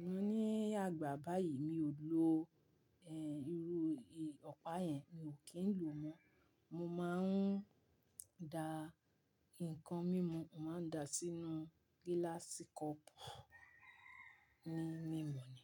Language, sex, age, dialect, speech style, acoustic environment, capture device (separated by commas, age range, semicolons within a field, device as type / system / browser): Yoruba, female, 45-54, Nigeria - Ibadan, relaxed, silence, mobile / Linux / Chrome